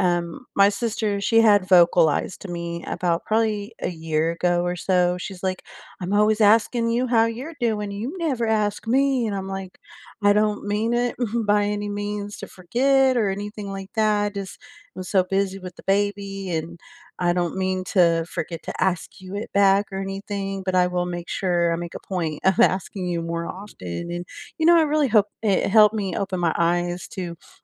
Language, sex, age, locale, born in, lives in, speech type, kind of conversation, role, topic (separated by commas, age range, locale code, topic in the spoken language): English, female, 35-39, en-US, United States, United States, conversation, unstructured, none, What small, everyday gestures make you feel appreciated, and how can we offer them more intentionally?